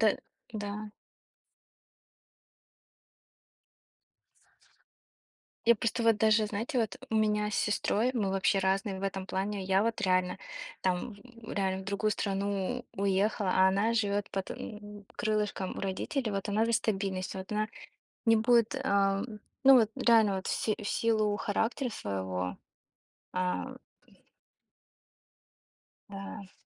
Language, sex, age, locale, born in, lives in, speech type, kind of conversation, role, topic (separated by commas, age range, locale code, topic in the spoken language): Russian, female, 25-29, ru-RU, Russia, United States, conversation, unstructured, none, Что для вас важнее при достижении целей: стабильность или риск?